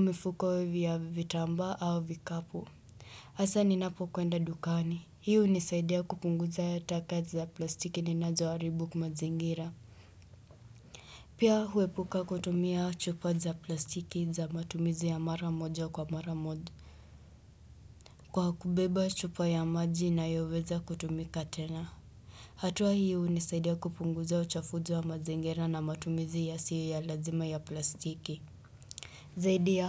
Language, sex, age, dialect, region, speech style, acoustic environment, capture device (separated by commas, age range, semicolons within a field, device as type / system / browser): Swahili, male, 18-24, Kenya - Nairobi Sheng-influenced, Kenya, happy; relaxed; focused, nature; silence, desktop / Windows / Chrome